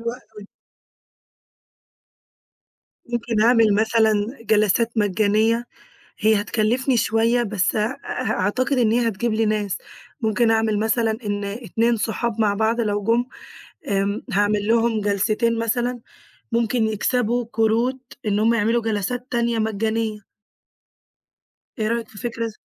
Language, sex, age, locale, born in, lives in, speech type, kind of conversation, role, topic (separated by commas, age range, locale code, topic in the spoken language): Arabic, female, 20-24, ar-EG, Egypt, Greece, conversation, advice, user, إيه اللي حصل في دخلك فجأة، وإزاي ده مأثر عليك من ناحية الضغوط المالية؟